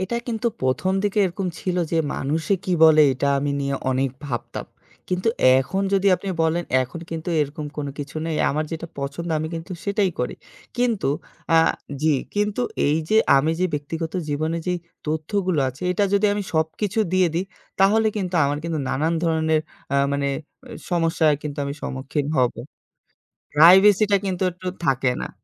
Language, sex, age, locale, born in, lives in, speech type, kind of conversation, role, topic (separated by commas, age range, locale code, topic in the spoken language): Bengali, male, 25-29, bn-BD, Bangladesh, Bangladesh, conversation, podcast, guest, কাজ ও ব্যক্তিগত জীবনের তথ্য কীভাবে আলাদা রাখবেন?